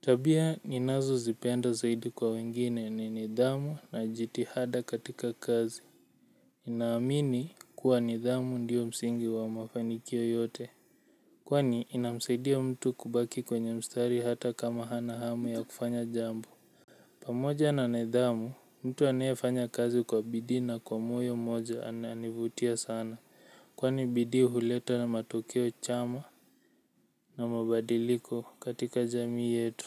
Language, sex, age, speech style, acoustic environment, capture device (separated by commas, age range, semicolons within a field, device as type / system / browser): Swahili, male, 18-24, relaxed, silence, mobile / Linux / Chrome